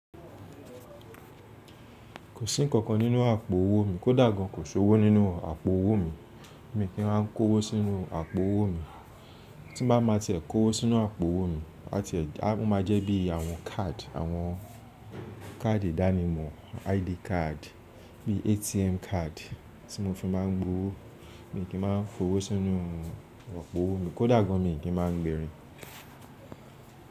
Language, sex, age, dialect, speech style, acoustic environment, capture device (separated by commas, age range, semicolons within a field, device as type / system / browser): Yoruba, male, 25-34, Nigeria - Lagos Yoruba, relaxed, nature, mobile / Linux / Chrome